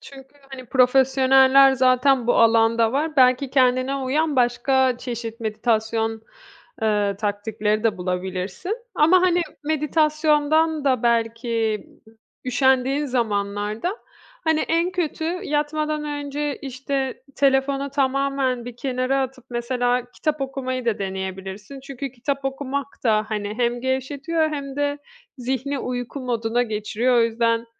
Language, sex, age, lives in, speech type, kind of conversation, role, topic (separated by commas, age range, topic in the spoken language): Turkish, female, 30-34, Italy, conversation, advice, advisor, Gün içinde sık sık uyuyup gece uykumu kaçırmamı nasıl düzeltebilirim?